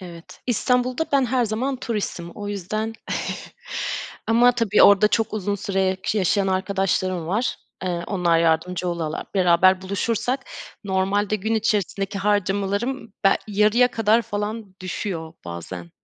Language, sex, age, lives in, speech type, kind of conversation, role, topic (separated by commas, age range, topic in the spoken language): Turkish, female, 30-34, Germany, conversation, unstructured, none, Tatil planlarken nelere dikkat edersin?